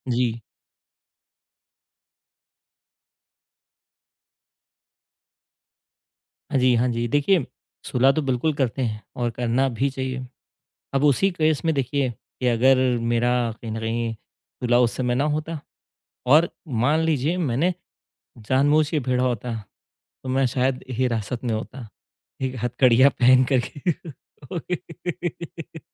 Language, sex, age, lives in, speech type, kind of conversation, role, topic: Hindi, male, 30-34, India, conversation, podcast, guest, जब पछतावा सताने लगता है, तो आप सबसे पहले क्या करते हैं?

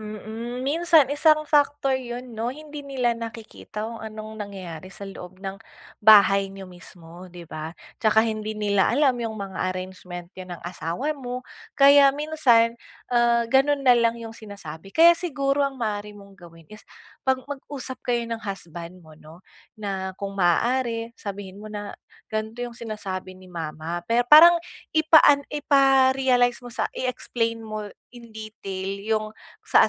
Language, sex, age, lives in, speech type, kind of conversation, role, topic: Filipino, female, 20-24, Philippines, conversation, advice, advisor, Paano mo masusukat kung kailan ka dapat makinig sa opinyon ng iba at kailan ka dapat magtiwala sa sarili mong pananaw?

